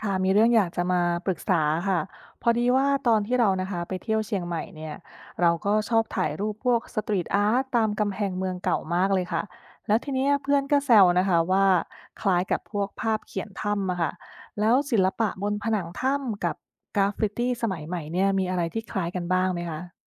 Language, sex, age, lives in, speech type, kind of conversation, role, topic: Thai, female, 30-34, United States, read, expert-assistant, asker, ศิลปะบนผนังถ้ำกับกราฟฟิตี้สมัยใหม่มีอะไรคล้ายกันบ้าง? มีเหตุการณ์จริงที่สะท้อนเรื่องนี้ไหม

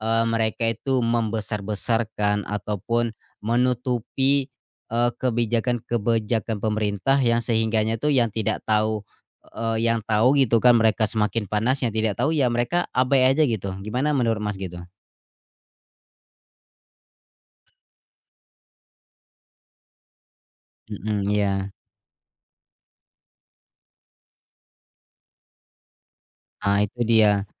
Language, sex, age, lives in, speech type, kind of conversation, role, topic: Indonesian, male, 25-29, Indonesia, conversation, unstructured, none, Apa pendapat kamu tentang kebijakan pemerintah yang kontroversial?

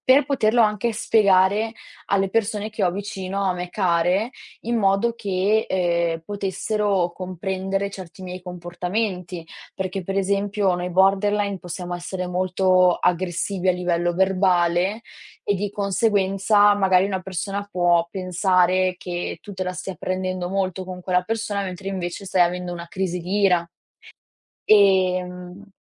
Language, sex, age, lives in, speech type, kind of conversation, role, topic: Italian, female, 20-24, Italy, conversation, unstructured, none, Qual è stato un momento che ti ha cambiato profondamente?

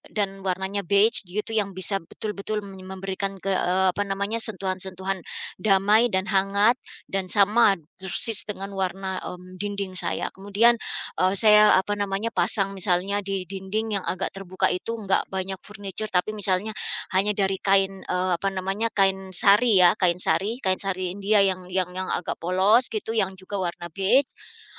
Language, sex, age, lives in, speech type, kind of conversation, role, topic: Indonesian, female, 45-49, United States, conversation, podcast, guest, Gimana kamu mengatur lingkungan agar lebih fokus berkarya?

